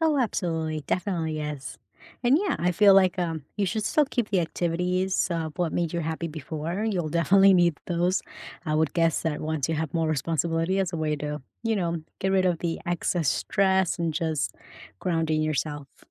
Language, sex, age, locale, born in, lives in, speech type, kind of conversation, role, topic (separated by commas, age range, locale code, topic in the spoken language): English, female, 30-34, en-US, United States, United States, conversation, unstructured, none, What outdoor activities boost your mood and make conversation easier?